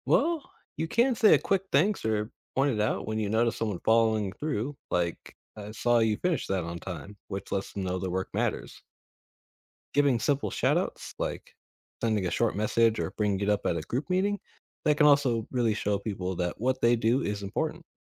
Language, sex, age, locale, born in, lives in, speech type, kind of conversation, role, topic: English, male, 25-29, en-US, United States, United States, read, expert-assistant, expert, How does being responsible help you earn trust?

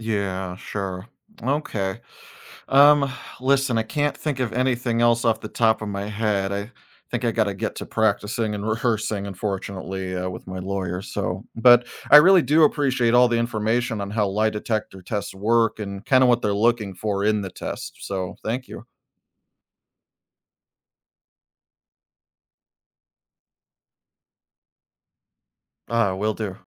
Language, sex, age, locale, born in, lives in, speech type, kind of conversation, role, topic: English, male, 35-39, en-US, United States, United States, read, expert-assistant, asker, How does a lie detector test work?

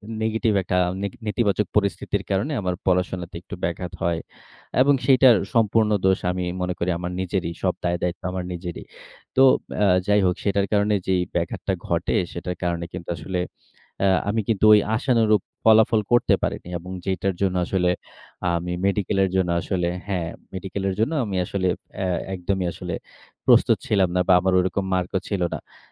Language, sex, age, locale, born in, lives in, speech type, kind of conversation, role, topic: Bengali, male, 30-34, bn-BD, Bangladesh, Bangladesh, conversation, podcast, guest, অসফলতার সঙ্গে আপনি কীভাবে মানিয়ে চলেন?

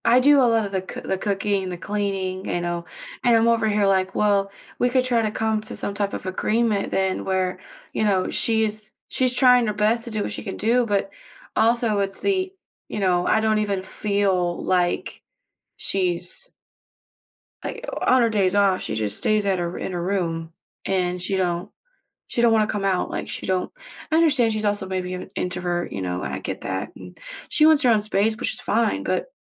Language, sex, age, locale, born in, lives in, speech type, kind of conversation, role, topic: English, female, 25-29, en-US, United States, United States, conversation, advice, user, How can I communicate feeling unappreciated to my partner?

